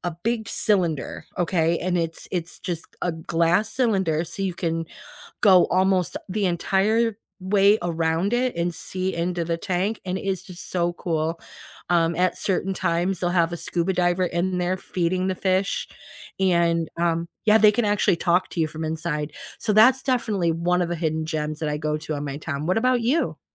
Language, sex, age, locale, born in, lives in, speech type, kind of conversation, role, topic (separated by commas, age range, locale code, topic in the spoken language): English, female, 50-54, en-US, United States, United States, conversation, unstructured, none, What is your go-to hidden gem in your hometown?